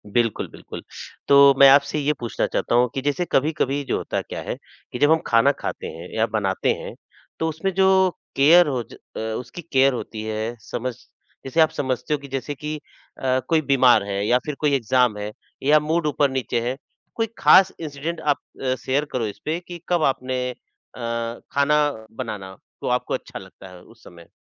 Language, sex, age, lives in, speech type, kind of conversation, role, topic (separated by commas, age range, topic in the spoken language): Hindi, male, 45-49, India, conversation, podcast, host, Khana bana kar tum apna pyaar kaise jataate ho?